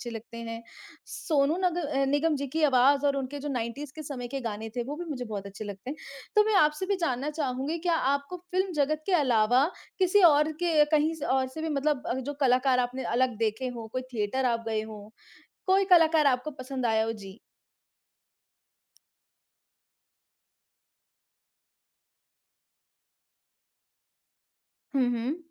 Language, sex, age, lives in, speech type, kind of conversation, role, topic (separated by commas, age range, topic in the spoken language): Hindi, male, 30-34, India, conversation, unstructured, none, आपको कौन-सा कलाकार सबसे ज़्यादा पसंद है और क्यों?